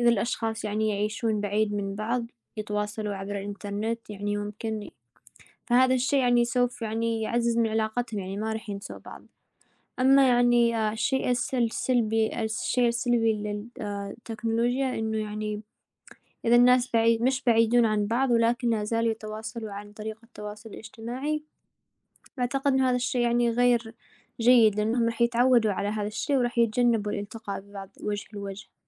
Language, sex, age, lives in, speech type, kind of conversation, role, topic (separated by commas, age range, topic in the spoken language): Arabic, female, 20-24, United States, conversation, unstructured, none, هل إنت شايف إن التكنولوجيا بتقرّب العيلة من بعض ولا بتبعّدها؟